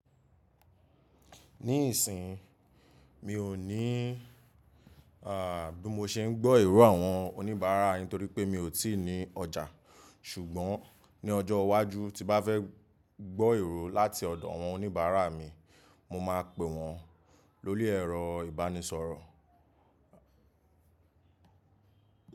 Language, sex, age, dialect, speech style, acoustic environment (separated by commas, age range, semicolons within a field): Yoruba, male, 25-34, Nigeria - Nigerian Standard, happy; excited; focused; relaxed, people talking; music; nature; silence; appliances